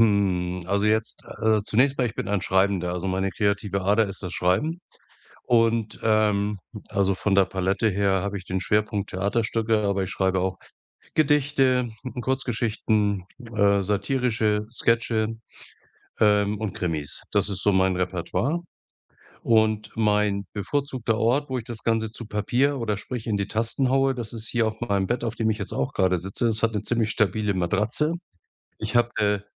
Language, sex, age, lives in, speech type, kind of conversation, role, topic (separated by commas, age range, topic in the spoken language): German, male, 65-69, Germany, conversation, podcast, guest, Was ist dein Lieblingsort, an dem du besonders kreativ bist?